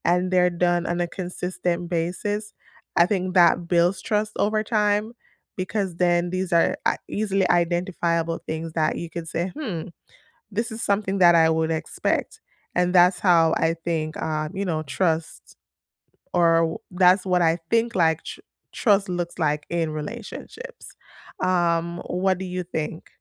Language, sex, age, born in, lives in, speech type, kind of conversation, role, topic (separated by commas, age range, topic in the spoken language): English, female, 30-34, Jamaica, United States, conversation, unstructured, none, What does trust look like to you in close relationships?